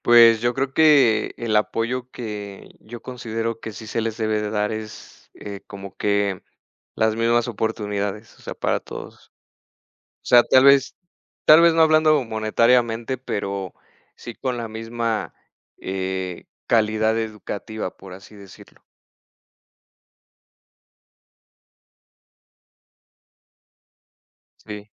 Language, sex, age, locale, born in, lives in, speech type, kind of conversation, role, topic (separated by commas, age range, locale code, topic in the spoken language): Spanish, male, 30-34, es-MX, Mexico, Mexico, conversation, unstructured, none, ¿Es correcto que algunos estudiantes reciban más apoyo que otros?